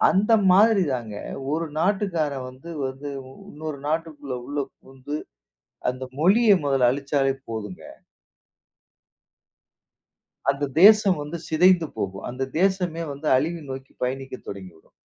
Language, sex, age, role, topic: Tamil, male, 40-44, guest, வட்டார வார்த்தைகள் நமது அடையாளத்தை எவ்வாறு வெளிப்படுத்துகின்றன?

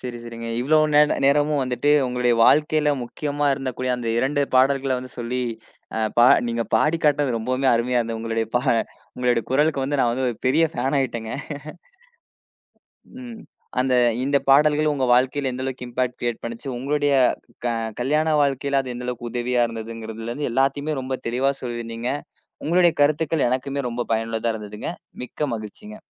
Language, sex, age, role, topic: Tamil, male, 20-24, host, உங்கள் வாழ்க்கையின் இசைப் பின்னணியில் முதலில் ஒலிக்கும் பாடல் எது?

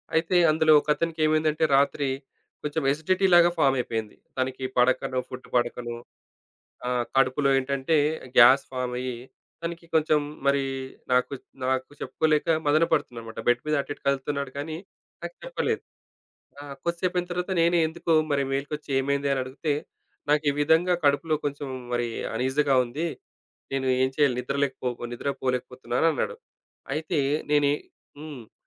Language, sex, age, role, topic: Telugu, male, 35-39, guest, ఇంట్లో రోజూ పాటించే చిన్న అలవాట్లతో ఆరోగ్యాన్ని ఎలా మెరుగుపర్చుకోవచ్చు?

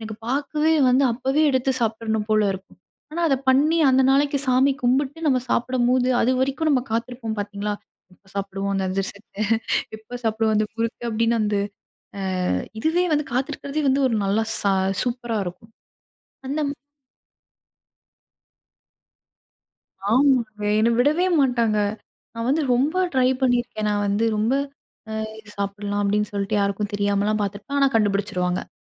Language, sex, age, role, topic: Tamil, female, 25-29, guest, பாரம்பரிய பண்டிகை உணவுகளை இன்றைய காலத்துக்கேற்றவாறு எப்படி புதுப்பிப்பீர்கள்?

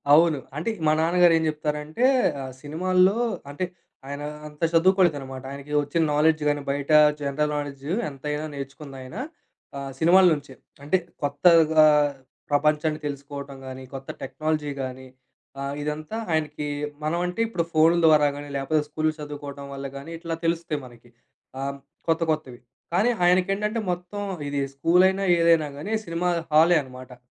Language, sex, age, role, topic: Telugu, male, 25-29, guest, కథలు సామాజిక మార్పులకు ఎంత దోహదం చేస్తాయి?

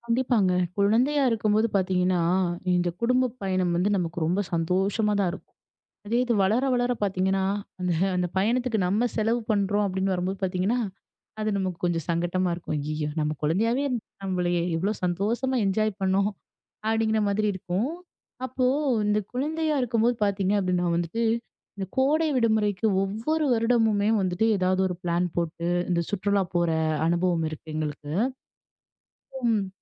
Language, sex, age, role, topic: Tamil, female, 25-29, guest, குடும்ப நினைவுகளை உருவாக்கிய உங்கள் பயணத்தைப் பற்றி சொல்ல முடியுமா?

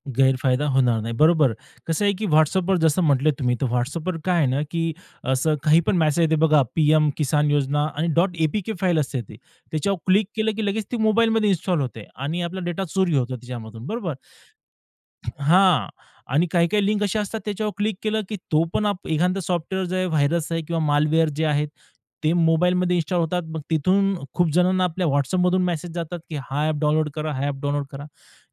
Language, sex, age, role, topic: Marathi, male, 30-34, guest, डेटा गोपनीयतेबाबत आपण किती सजग असायला हवे?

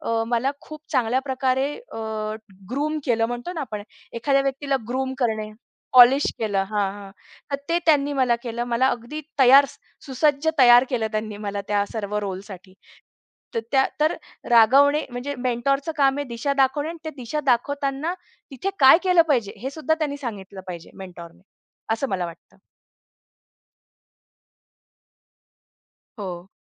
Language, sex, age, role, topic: Marathi, female, 35-39, guest, तुला काय वाटतं, एक चांगला मार्गदर्शक कोणकोणत्या गोष्टी करतो?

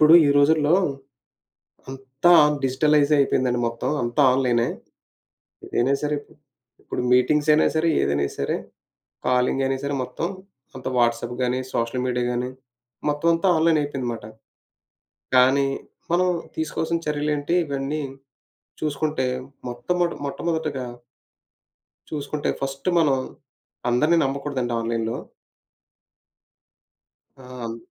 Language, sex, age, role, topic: Telugu, male, 30-34, guest, తన గోప్యతను కాపాడుకుంటూనే ఆన్‌లైన్‌లో బంధాలు నిర్మించాలంటే ఏ నియమాలు పాటించాలి?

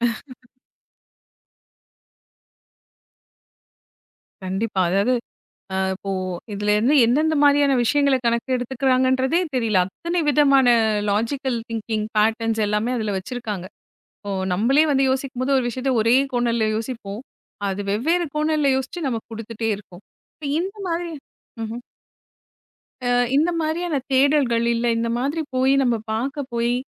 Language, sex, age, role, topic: Tamil, female, 35-39, host, ஆன்லைனில் தொடர்ச்சியாக மனஅழுத்தம் தரும் செய்திகளையும் பதிவுகளையும் பார்க்கும் பழக்கத்தை நீங்கள் எப்படி சமாளிக்கிறீர்கள்?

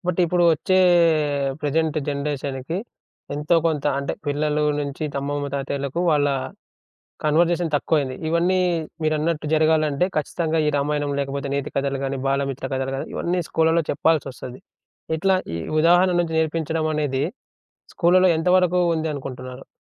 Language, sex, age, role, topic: Telugu, male, 25-29, host, ఉదాహరణలతో నేర్పించడం మీకు ఎంత ముఖ్యమని అనిపిస్తుంది?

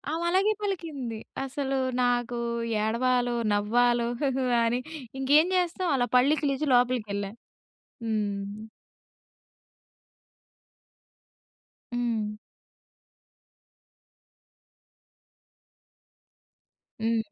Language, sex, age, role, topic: Telugu, female, 20-24, guest, మీ పేరుకు సంబంధించిన కథ ఏమిటి?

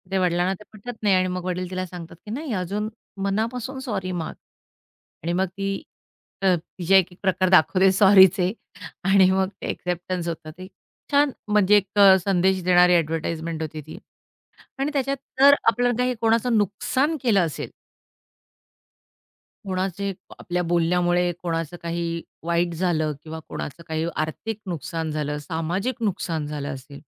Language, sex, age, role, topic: Marathi, female, 45-49, guest, माफी मागताना काय महत्त्वाचं लक्षात ठेवायला हवं?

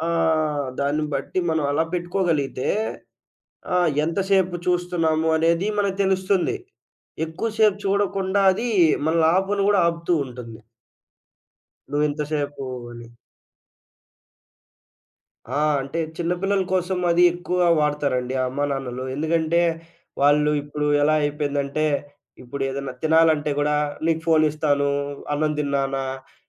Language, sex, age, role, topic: Telugu, male, 20-24, guest, ఫోన్‌ వాడకాన్ని, సామాజిక మాధ్యమాల్లో గడిపే సమయాన్ని తగ్గించడానికి మీకు ఉపయోగపడిన చిట్కాలు ఏమిటి?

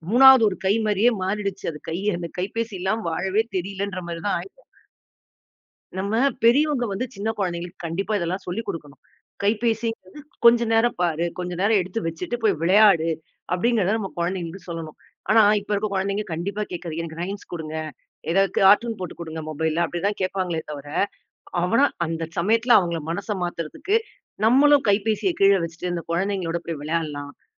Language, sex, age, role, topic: Tamil, female, 40-44, guest, தொலைபேசியில் செலவிடும் நேரத்தை குறைக்க ஒரு எளிய வழி என்ன?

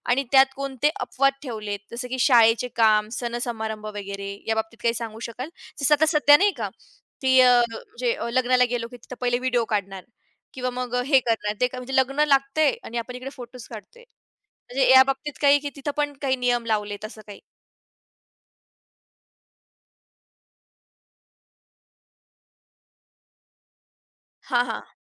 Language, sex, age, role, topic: Marathi, female, 20-24, host, तुमच्या कुटुंबात डिजिटल वापराचे नियम कसे ठरवले आहेत?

